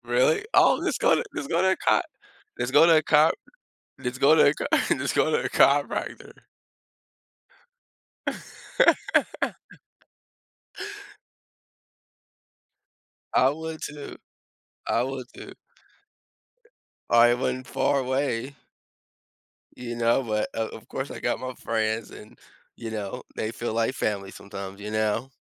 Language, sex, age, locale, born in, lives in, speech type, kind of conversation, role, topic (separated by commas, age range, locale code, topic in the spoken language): English, male, 25-29, en-US, United States, United States, conversation, unstructured, none, What factors shape your choice of who to spend your weekends with?